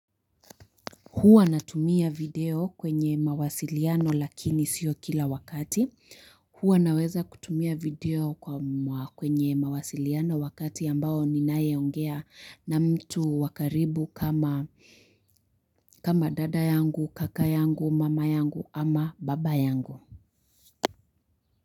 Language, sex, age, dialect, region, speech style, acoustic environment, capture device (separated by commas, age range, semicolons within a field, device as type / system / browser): Swahili, female, 18-24, Kenya - Nairobi Sheng-influenced, Kenya, happy; anxious; focused; relaxed, nature; construction, mobile / Linux / Chrome